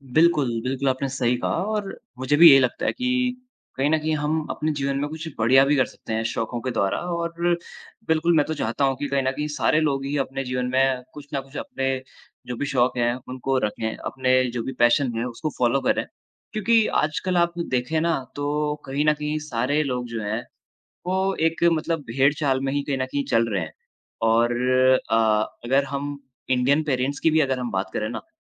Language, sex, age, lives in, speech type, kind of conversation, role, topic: Hindi, male, 20-24, India, conversation, unstructured, none, क्या कभी किसी शौक ने आपकी ज़िंदगी बदल दी है?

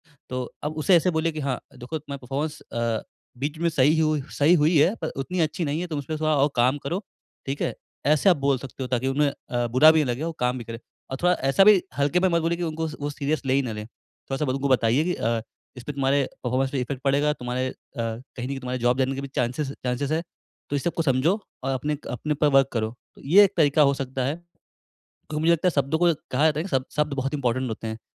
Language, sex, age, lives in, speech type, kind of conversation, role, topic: Hindi, male, 20-24, India, conversation, advice, advisor, मैं अपनी टीम को रचनात्मक आलोचना इस तरह कैसे दे सकता/सकती हूँ कि वह सुधार में बदल जाए?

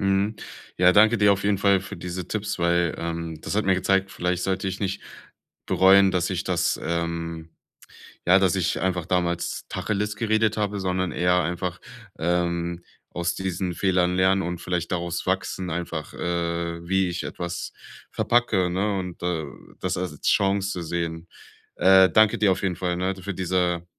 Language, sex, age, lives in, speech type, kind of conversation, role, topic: German, male, 25-29, Germany, conversation, advice, user, Wie priorisiere ich meine Werte, damit ich später keine großen Entscheidungen bereue?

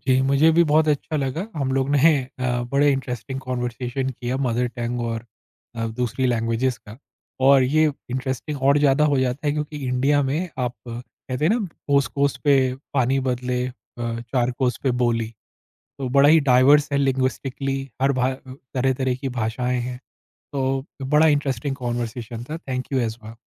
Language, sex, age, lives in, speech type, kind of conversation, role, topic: Hindi, male, 40-44, India, conversation, podcast, guest, Language switch karte waqt aapko kya different feel hota hai?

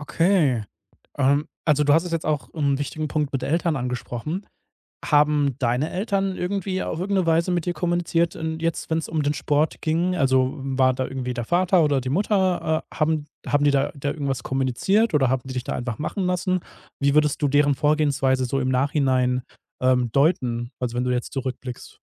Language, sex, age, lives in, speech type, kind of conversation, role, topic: German, male, 30-34, Germany, conversation, podcast, host, Warst du als Kind in einem Verein, und wie war das für dich?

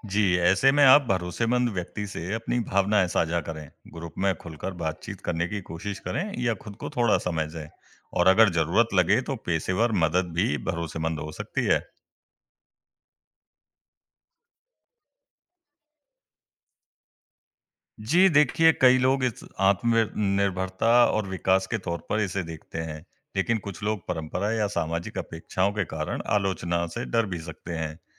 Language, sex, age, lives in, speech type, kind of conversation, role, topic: Hindi, male, 20-24, India, read, expert-assistant, expert, समाज में लोग अपने-अपने समूह क्यों बनाते हैं और इससे उनके जीवन पर क्या असर पड़ता है?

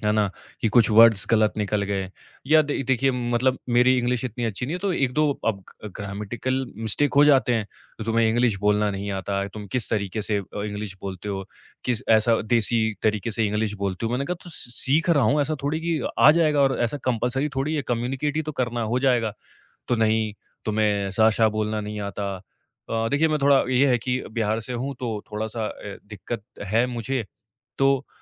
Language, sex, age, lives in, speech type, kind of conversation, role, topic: Hindi, male, 25-29, India, conversation, advice, user, साथी से बार-बार व्यक्तिगत आलोचना झेलना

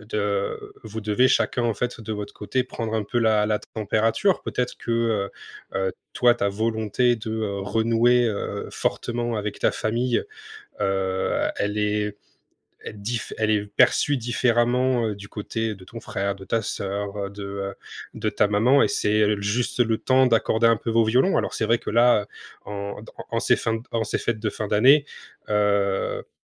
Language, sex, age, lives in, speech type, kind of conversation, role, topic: French, male, 25-29, France, conversation, advice, advisor, Comment parler aux autres du malaise que je ressens quand je me sens exclu(e) lors de fêtes et de célébrations ?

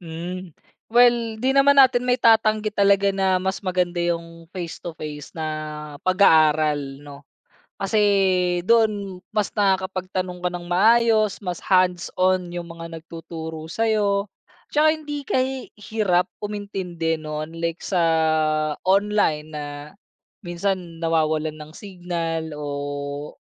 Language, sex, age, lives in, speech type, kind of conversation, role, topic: Filipino, male, 30-34, Philippines, conversation, podcast, guest, Ano ang papel ng mga kursong online sa pagkatuto mo?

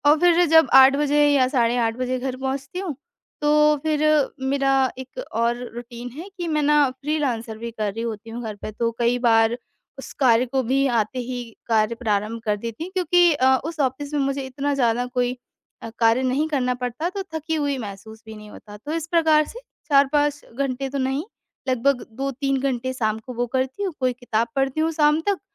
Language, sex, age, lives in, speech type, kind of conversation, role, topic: Hindi, female, 25-29, India, conversation, advice, user, साप्ताहिक भोजन योजना शुरू करने में कठिनाई और आलस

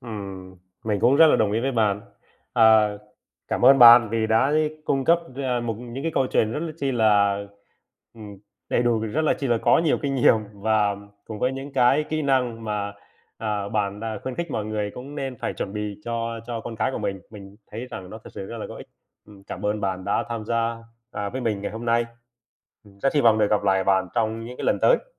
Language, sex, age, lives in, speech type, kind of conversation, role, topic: Vietnamese, male, 30-34, Vietnam, conversation, podcast, host, Bạn đã từng bị lạc ở một nơi xa lạ chưa?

